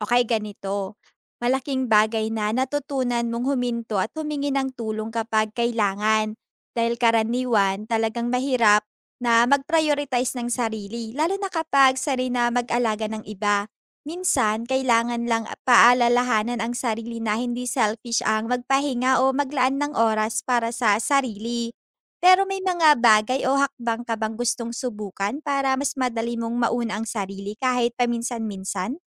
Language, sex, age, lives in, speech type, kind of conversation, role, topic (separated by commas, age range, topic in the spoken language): Filipino, female, 20-24, Philippines, read, expert-assistant, expert, Paano mapapagsabay ang pag-aalaga sa anak, alagang hayop, at sarili?